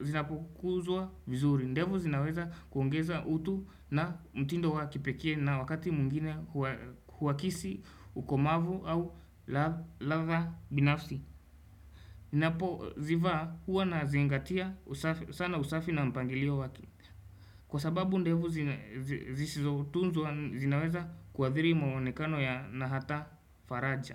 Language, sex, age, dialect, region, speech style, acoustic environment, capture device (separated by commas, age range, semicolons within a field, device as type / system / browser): Swahili, male, 18-24, Kenya - Nairobi Sheng-influenced, Kenya, happy; anxious; nervous; excited; focused; relaxed, people talking; music; traffic; construction; appliances, desktop / Windows / Chrome